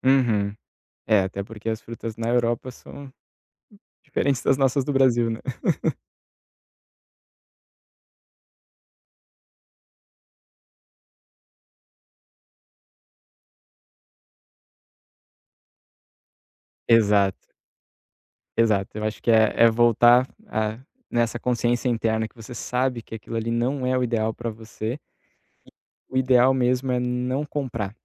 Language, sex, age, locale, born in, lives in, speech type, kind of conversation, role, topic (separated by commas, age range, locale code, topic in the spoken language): Portuguese, male, 30-34, pt-BR, Brazil, Italy, conversation, advice, advisor, Como posso controlar a vontade de comer alimentos processados no dia a dia?